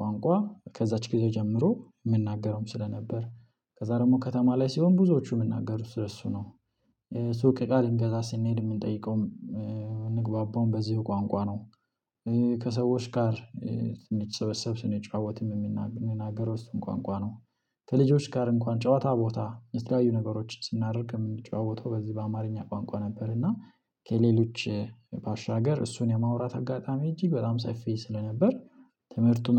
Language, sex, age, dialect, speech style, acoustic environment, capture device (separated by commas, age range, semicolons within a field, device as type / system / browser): Amharic, male, 25-34, Ethiopia - Addis Ababa, happy; relaxed; excited; focused, silence, mobile / Linux / Chrome